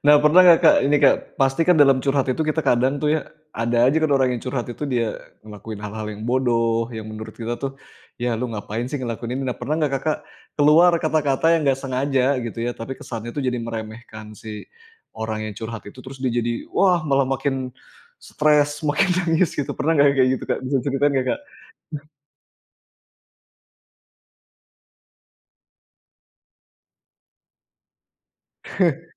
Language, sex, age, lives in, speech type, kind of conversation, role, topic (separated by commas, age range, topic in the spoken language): Indonesian, male, 35-39, Indonesia, conversation, podcast, host, Bagaimana cara kamu memastikan orang lain merasa benar-benar didengar melalui kata-kata?